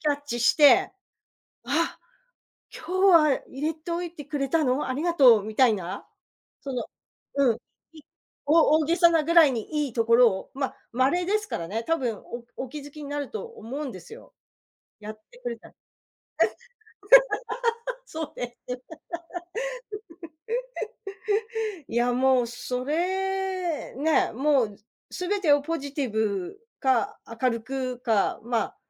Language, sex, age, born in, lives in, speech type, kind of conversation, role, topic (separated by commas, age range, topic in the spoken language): Japanese, female, 55-59, Japan, United States, conversation, advice, advisor, 子どもの反抗期で親子関係がぎくしゃくしている